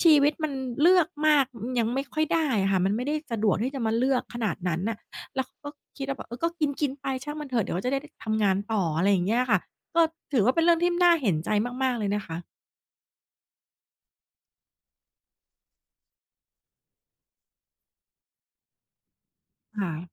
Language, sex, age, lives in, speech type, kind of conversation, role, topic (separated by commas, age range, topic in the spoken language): Thai, female, 40-44, Thailand, conversation, podcast, guest, คุณคิดอย่างไรกับการใช้พลาสติกแบบใช้ครั้งเดียวทิ้งเป็นจำนวนมาก?